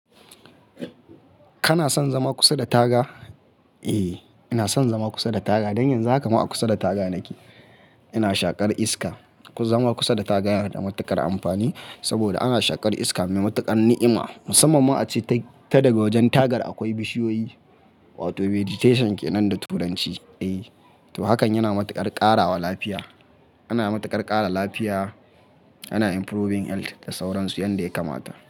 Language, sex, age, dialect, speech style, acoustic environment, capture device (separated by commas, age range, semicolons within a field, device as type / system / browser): Hausa, male, 25-34, Nigeria - Kano, happy, nature; silence, mobile / Linux / Chrome